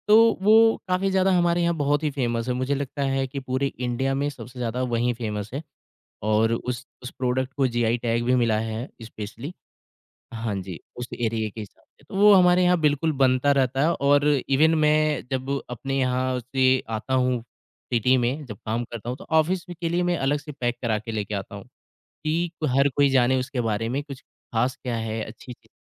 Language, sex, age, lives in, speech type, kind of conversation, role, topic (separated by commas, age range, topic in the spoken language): Hindi, male, 25-29, India, conversation, podcast, guest, Tum family recipes ko kaise sambhalte aur share karte ho?